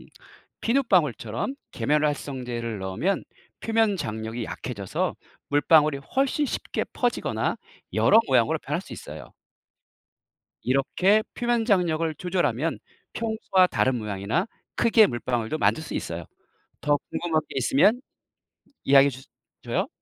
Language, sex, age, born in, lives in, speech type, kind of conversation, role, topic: Korean, male, 50-54, South Korea, South Korea, read, expert-assistant, expert, 물방울은 왜 둥근 모양일까요?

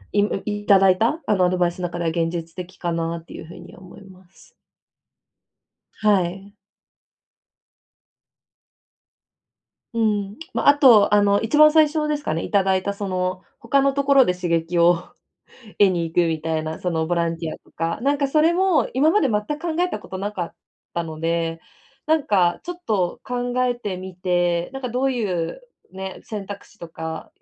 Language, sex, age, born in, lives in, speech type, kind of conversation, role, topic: Japanese, female, 25-29, Japan, Japan, conversation, advice, user, 無意味に感じる仕事の中で、どうすれば目的や意味を見いだせますか？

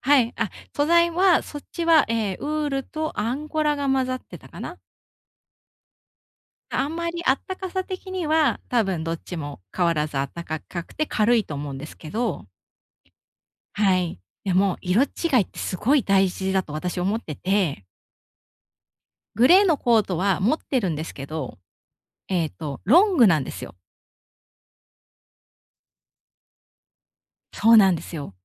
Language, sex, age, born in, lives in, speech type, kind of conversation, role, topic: Japanese, female, 35-39, Japan, Japan, conversation, advice, user, 本当に必要なものと欲しいものをどうやって区別すればいいですか？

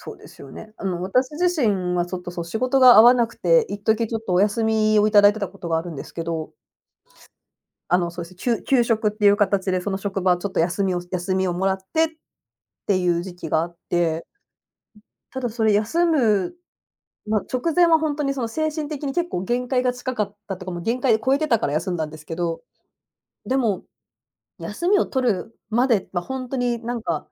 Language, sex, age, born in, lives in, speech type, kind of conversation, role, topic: Japanese, female, 40-44, Japan, Japan, conversation, unstructured, none, 疲れたときに休むのは甘えだと思いますか？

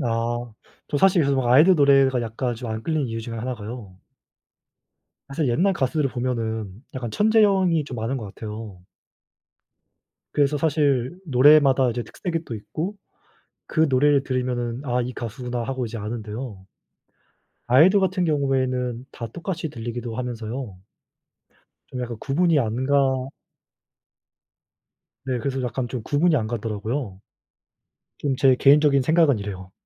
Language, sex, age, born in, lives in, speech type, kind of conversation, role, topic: Korean, male, 20-24, South Korea, South Korea, conversation, unstructured, none, 어떤 취미가 스트레스 해소에 가장 도움이 되나요?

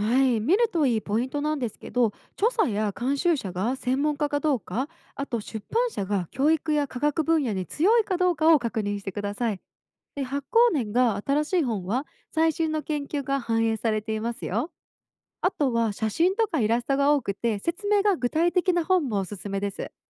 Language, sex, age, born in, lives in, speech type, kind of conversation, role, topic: Japanese, female, 25-29, Japan, United States, read, expert-assistant, expert, ネットや本で海の生き物について調べるとき、どんな方法で確かな情報を選べばいいですか？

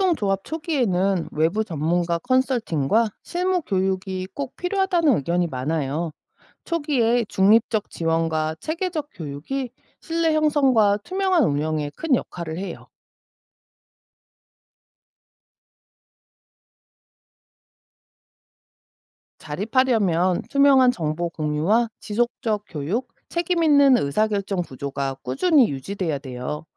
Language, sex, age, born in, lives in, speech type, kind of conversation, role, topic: Korean, female, 45-49, South Korea, United States, read, expert-assistant, expert, 지속 가능한 농업 방식을 선택할 때 어떤 이점과 고민할 점이 있을까요?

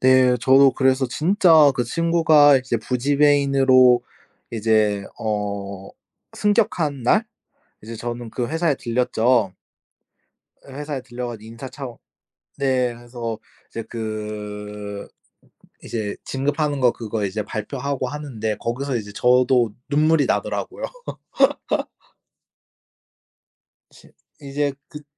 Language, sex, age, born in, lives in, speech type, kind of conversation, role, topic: Korean, male, 25-29, South Korea, Japan, conversation, podcast, guest, 일하면서 가장 기억에 남는 경험은 무엇인가요?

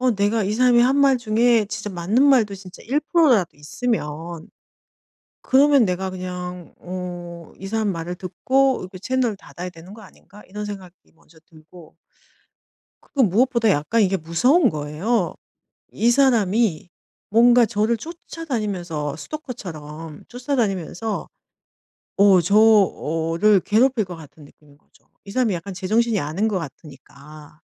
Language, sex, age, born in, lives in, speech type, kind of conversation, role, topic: Korean, female, 50-54, South Korea, Germany, conversation, advice, user, 비판을 들을 때 그것을 제 가치로 받아들이지 않으려면 어떻게 해야 할까요?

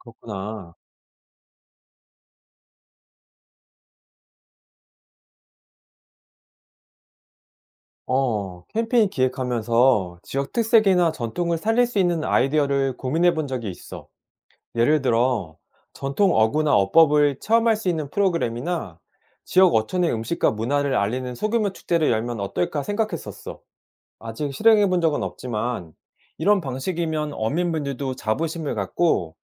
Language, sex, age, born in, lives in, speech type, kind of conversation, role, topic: Korean, male, 40-44, South Korea, South Korea, read, expert-assistant, asker, 해양 플라스틱 문제, 남획, 해수 온난화 중에서 해양 생태계에 가장 치명적인 위협은 무엇이라고 생각하나요? 우선적으로 대응해야 할 문제는 무엇인가요?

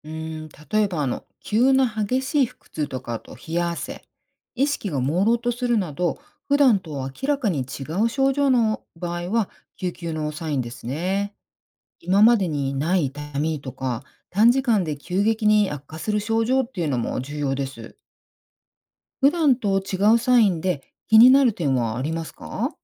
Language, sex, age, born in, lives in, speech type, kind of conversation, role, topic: Japanese, female, 50-54, Japan, United States, read, expert-assistant, expert, もし家族が突然体調を崩したら、内科と外科のどちらに相談するのが良いのでしょうか？その選び方のポイントは何だと思いますか？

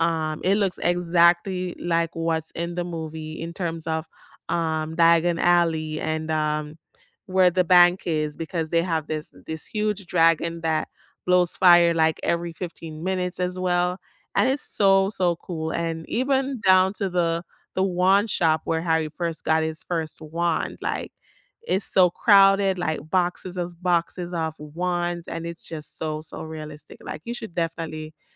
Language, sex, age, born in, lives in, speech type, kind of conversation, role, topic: English, female, 30-34, Jamaica, United States, conversation, unstructured, none, Which fictional world would you move to for a year?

